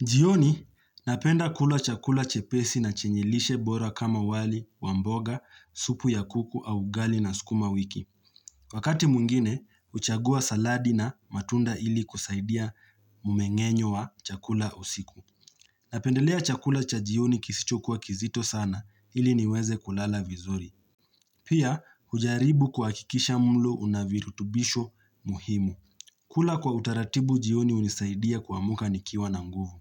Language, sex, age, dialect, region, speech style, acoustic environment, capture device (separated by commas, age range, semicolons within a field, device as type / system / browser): Swahili, male, 25-34, Kenya - Nairobi Sheng-influenced, Kenya, relaxed; focused; happy, nature; silence, mobile / Linux / Chrome